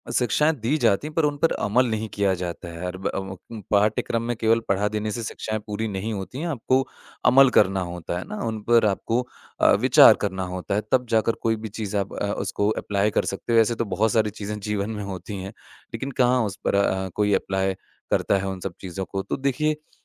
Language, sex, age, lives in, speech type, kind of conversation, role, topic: Hindi, male, 25-29, India, conversation, podcast, guest, शहरों में हरियाली बढ़ाने के व्यावहारिक तरीके क्या हैं?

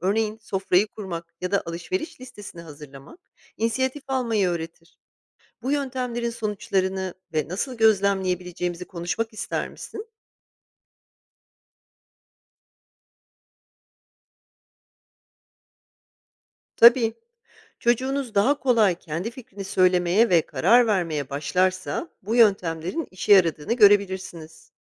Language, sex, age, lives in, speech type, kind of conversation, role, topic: Turkish, female, 40-44, United States, read, expert-assistant, expert, Küçük yaşta liderlik becerileri nasıl kazanılır?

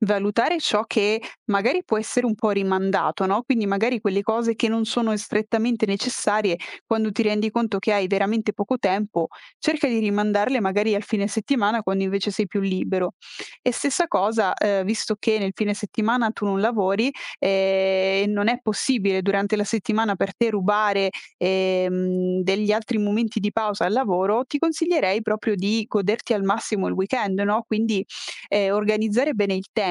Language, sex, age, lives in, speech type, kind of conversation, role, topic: Italian, female, 25-29, Italy, conversation, advice, advisor, Come posso trovare tempo per la cura di me stessa e per riposare?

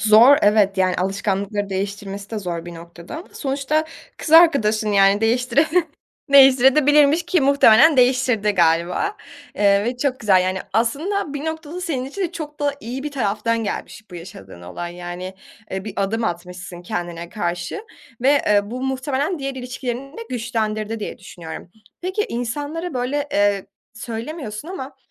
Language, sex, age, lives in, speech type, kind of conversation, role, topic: Turkish, female, 20-24, Germany, conversation, podcast, host, İçinden geçenleri söylemekle saklamak arasında nasıl karar verirsin?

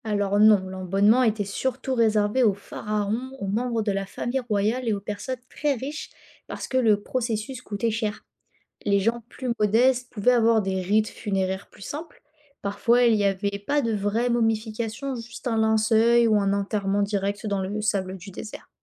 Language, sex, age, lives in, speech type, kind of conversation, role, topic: French, female, 20-24, France, read, expert-assistant, expert, Comment les anciens Égyptiens embaumaient-ils les momies ?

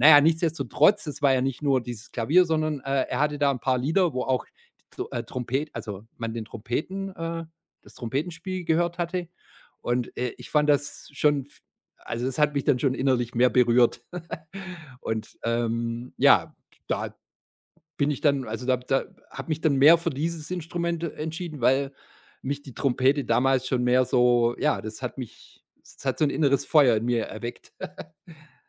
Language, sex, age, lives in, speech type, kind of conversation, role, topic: German, male, 45-49, Germany, conversation, podcast, guest, Wie hast du deine Kindheit erlebt?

